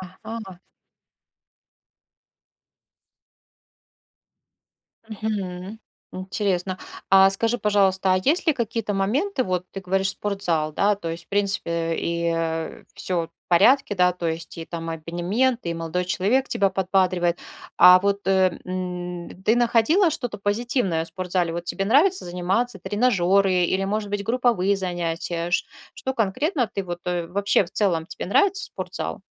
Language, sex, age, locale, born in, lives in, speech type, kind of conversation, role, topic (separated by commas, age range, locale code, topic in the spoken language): Russian, female, 40-44, ru-RU, Russia, Spain, conversation, advice, advisor, Почему я пропускаю тренировки из-за усталости и отсутствия мотивации?